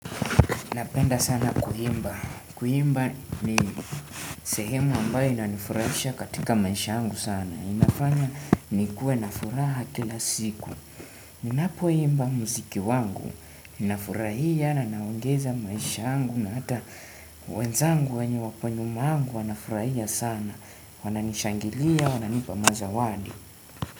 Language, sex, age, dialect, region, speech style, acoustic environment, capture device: Swahili, male, 18-24, Kenya - Nairobi Sheng-influenced, Kenya, relaxed, silence, desktop / Windows / Chrome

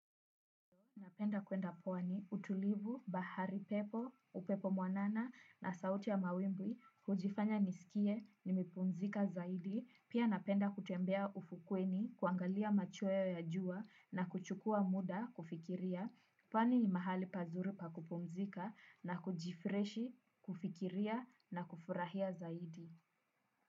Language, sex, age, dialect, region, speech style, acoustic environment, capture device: Swahili, female, 18-24, Kenya - Nairobi Sheng-influenced, Kenya, excited, silence; nature, desktop / Windows / Chrome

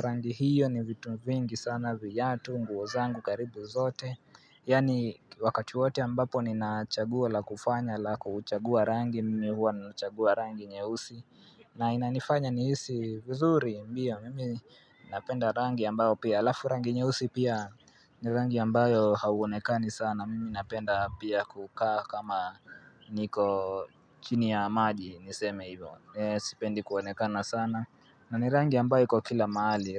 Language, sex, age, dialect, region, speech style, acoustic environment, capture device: Swahili, male, 18-24, Kenya - Nairobi Sheng-influenced, Kenya, relaxed, nature, mobile / Linux / Chrome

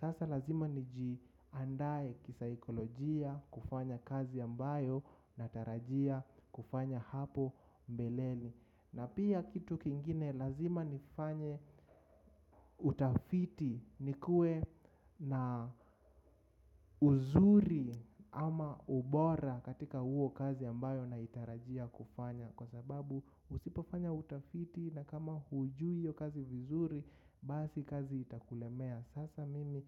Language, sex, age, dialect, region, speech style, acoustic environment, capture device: Swahili, male, 25-34, Kenya - Nairobi Sheng-influenced, Kenya, focused, nature, mobile / macOS / Safari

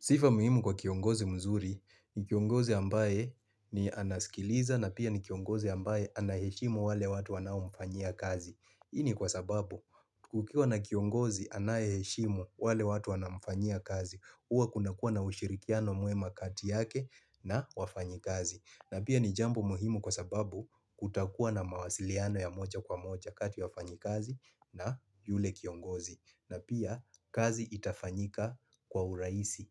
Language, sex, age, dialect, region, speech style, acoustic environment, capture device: Swahili, male, 35-44, Kenya - Mombasa, Kenya, focused, construction; people talking, mobile / Linux / Chrome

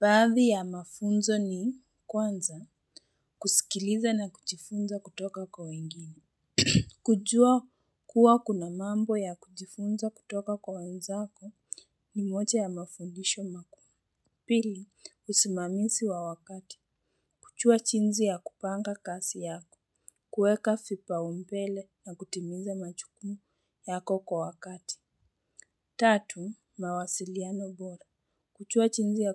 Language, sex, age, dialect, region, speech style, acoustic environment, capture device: Swahili, female, 18-24, Kenya - Nairobi Sheng-influenced, Kenya, stressed, people talking, mobile / Linux / Chrome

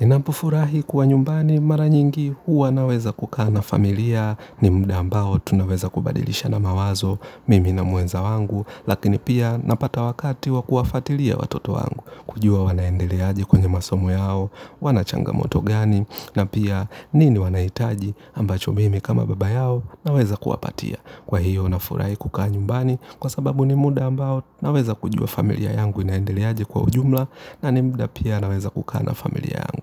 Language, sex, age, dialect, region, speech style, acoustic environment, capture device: Swahili, male, 25-34, Kenya - Nairobi Sheng-influenced, Kenya, relaxed; happy, nature, mobile / Linux / Chrome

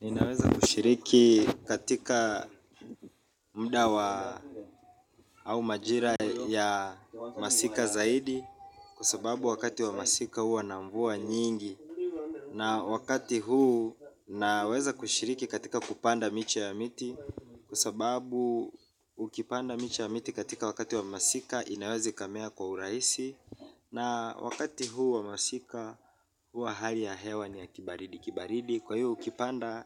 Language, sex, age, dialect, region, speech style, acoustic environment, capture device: Swahili, male, 25-34, Kenya - Mombasa, Kenya, relaxed, people talking, mobile / Linux / Chrome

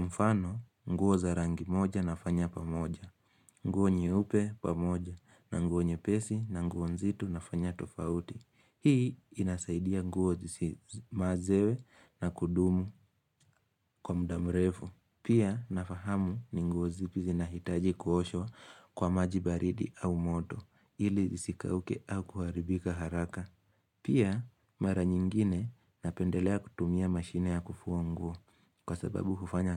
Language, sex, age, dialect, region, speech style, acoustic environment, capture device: Swahili, male, 18-24, Kenya - Nairobi Sheng-influenced, Kenya, focused; relaxed, silence, mobile / Linux / Chrome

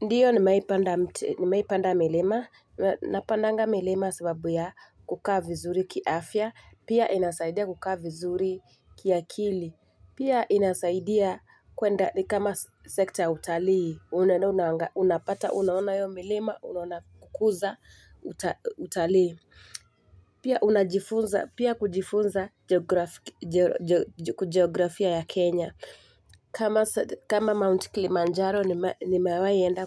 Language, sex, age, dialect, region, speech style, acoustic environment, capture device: Swahili, female, 25-34, Kenya - Mombasa, Kenya, anxious, nature, mobile / Linux / Chrome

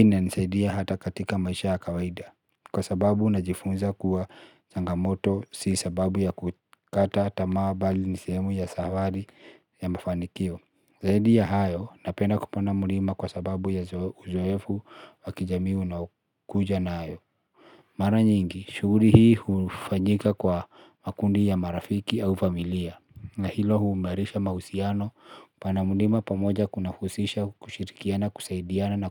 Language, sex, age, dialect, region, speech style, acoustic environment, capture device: Swahili, male, 35-44, Kenya - Nairobi Sheng-influenced, Kenya, relaxed, silence, mobile / Linux / Chrome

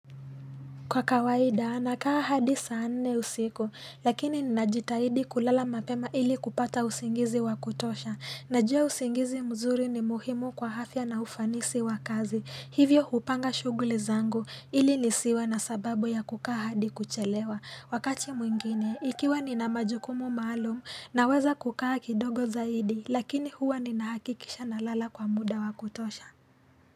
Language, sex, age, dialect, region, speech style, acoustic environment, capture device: Swahili, female, 18-24, Kenya - Nairobi Sheng-influenced, Kenya, tired, nature, mobile / Linux / Chrome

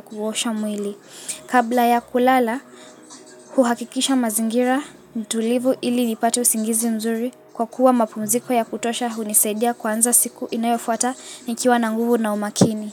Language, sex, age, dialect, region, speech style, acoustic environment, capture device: Swahili, female, 18-24, Kenya - Mombasa, Kenya, focused, music, mobile / Linux / Chrome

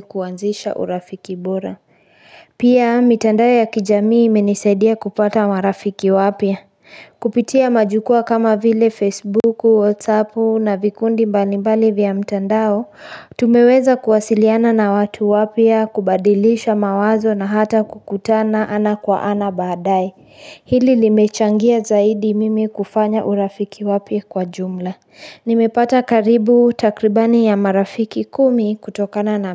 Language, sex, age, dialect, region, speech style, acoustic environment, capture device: Swahili, female, 25-34, Kenya - Nairobi Sheng-influenced, Kenya, focused, construction, mobile / Linux / Chrome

